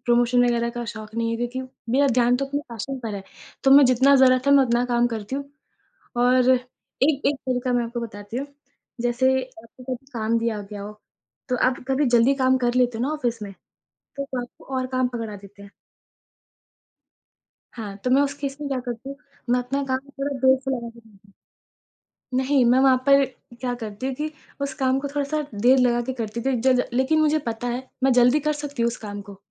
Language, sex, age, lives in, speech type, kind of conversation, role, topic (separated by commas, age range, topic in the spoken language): Hindi, female, 25-29, India, conversation, podcast, guest, Passion follow karna aur stable naukri karna—tumhara rule kya hai?